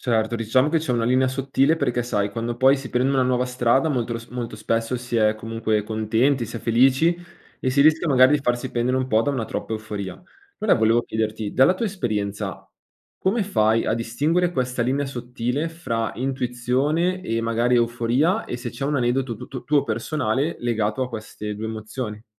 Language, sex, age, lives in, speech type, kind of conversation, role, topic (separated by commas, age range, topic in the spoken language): Italian, male, 25-29, Italy, conversation, podcast, host, Come spieghi a qualcuno la differenza tra paura e intuizione?